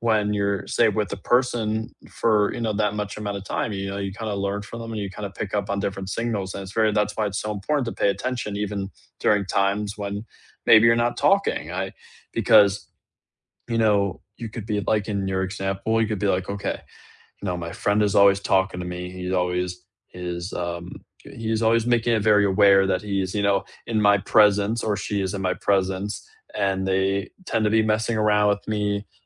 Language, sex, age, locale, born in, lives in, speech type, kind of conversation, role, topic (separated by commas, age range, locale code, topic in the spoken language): English, male, 18-19, en-US, United States, United States, conversation, unstructured, none, What’s the best way to handle disagreements with friends?